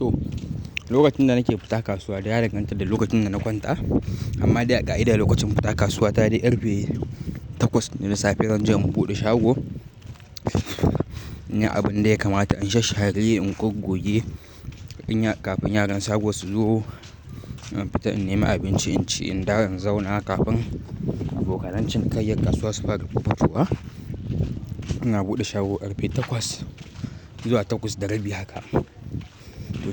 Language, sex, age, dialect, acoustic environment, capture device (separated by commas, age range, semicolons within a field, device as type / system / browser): Hausa, male, 18-24, Nigeria - Kano, nature, mobile / Linux / Chrome